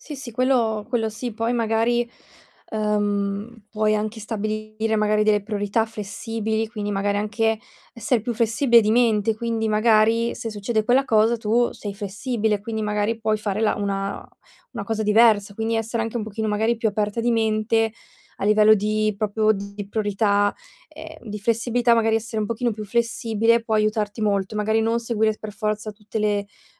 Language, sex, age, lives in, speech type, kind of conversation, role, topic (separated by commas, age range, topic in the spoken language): Italian, female, 20-24, Italy, conversation, advice, advisor, Come posso pianificare meglio per affrontare imprevisti e ostacoli?